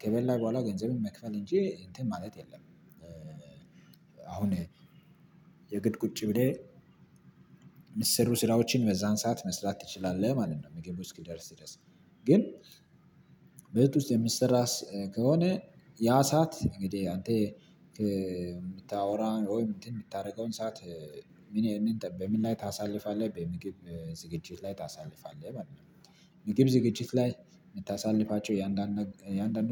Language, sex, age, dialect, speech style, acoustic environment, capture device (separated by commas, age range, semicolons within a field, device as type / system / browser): Amharic, male, 25-34, Ethiopia - Addis Ababa, relaxed, nature, mobile / Linux / Chrome